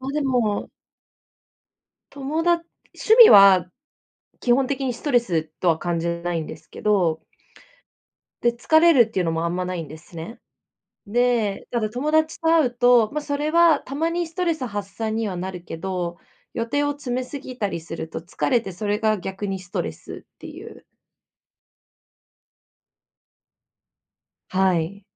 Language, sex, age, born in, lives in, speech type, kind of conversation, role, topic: Japanese, female, 25-29, Japan, Japan, conversation, advice, user, 趣味の時間を作るにはどうすればいいですか？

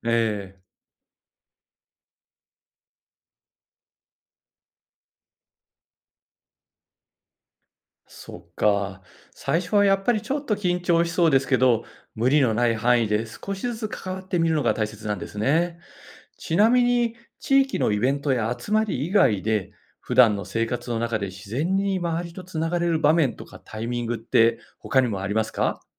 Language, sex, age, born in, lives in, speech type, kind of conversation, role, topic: Japanese, male, 55-59, Japan, Japan, read, expert-assistant, asker, 引っ越したばかりの街で、地域になじむための習慣や工夫は何ですか？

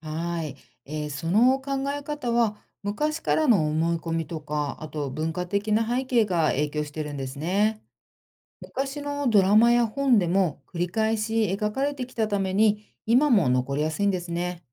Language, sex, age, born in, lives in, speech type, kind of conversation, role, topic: Japanese, female, 50-54, Japan, United States, read, expert-assistant, expert, 「うつ病は性格の問題と言われることがあるけど、本当ですか？」考え方の違いについて話したいです。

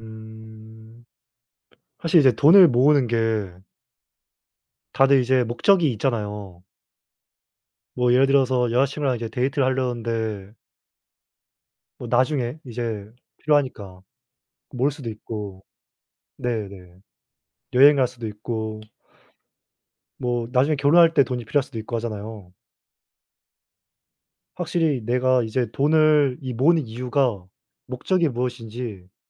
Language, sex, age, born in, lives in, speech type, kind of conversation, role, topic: Korean, male, 20-24, South Korea, South Korea, conversation, unstructured, none, 저축을 시작하기 가장 좋은 시기는 언제인가요?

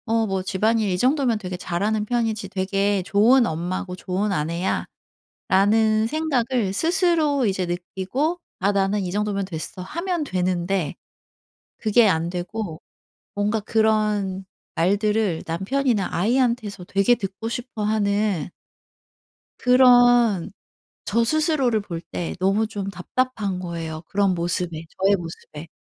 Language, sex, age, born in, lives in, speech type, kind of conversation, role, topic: Korean, female, 40-44, South Korea, South Korea, conversation, advice, user, 외부의 인정을 받지 못하면 지치고 성취감이 사라지는데, 어떻게 하면 좋을까요?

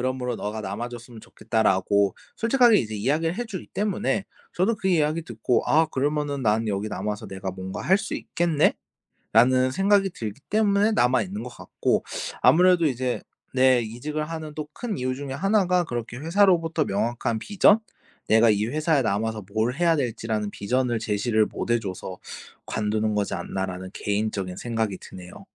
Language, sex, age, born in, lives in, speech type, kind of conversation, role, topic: Korean, male, 25-29, South Korea, Japan, conversation, podcast, guest, 직장을 바꿔야 할지 말아야 할지 어떻게 판단해야 하나요?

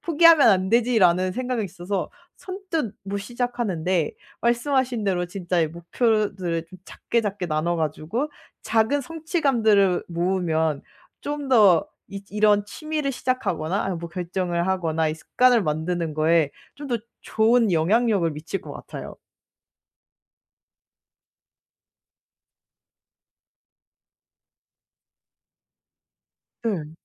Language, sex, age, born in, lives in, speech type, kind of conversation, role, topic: Korean, female, 25-29, South Korea, Germany, conversation, advice, user, 결정을 자꾸 미루는 습관을 어떻게 멈출 수 있을까요?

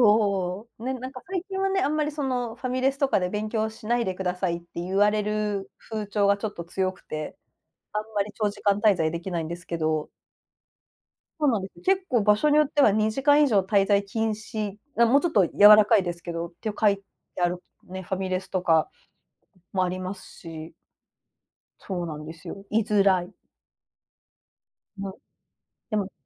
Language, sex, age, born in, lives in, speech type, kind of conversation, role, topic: Japanese, female, 40-44, Japan, Japan, conversation, unstructured, none, 勉強のやる気をどうやって保っていますか？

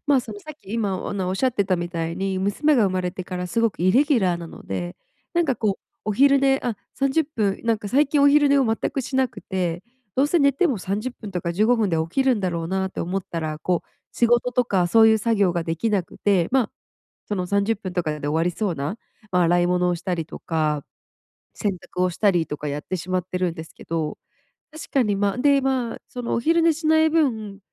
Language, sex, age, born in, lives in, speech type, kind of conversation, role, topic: Japanese, female, 25-29, Japan, United States, conversation, advice, user, 今進めているプロジェクトを最後までやり遂げるには、どうすればいいですか？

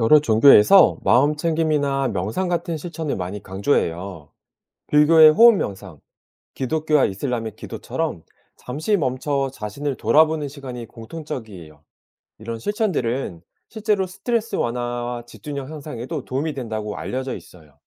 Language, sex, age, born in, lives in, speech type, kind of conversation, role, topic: Korean, male, 40-44, South Korea, South Korea, read, expert-assistant, expert, 여러 종교의 가르침 중에서, 일상생활에 가장 도움이 된다고 생각하는 것을 우선순위로 꼽아본다면 어떤 게 있을까요?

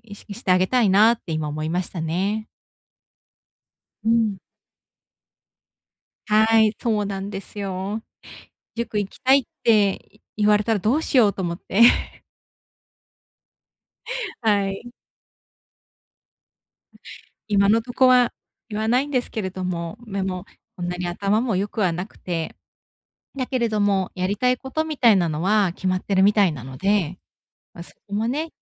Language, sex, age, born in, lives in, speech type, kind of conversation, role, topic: Japanese, female, 35-39, Japan, Japan, conversation, advice, user, 生活水準を落とさずに貯蓄を増やすにはどうすればよいですか？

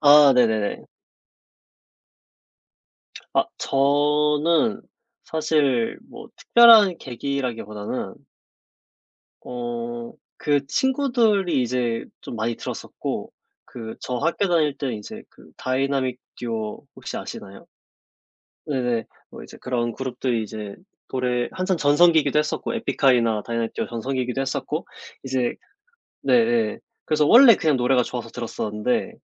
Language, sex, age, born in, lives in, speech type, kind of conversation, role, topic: Korean, male, 25-29, South Korea, South Korea, conversation, unstructured, none, 좋아하는 음악 장르는 무엇인가요?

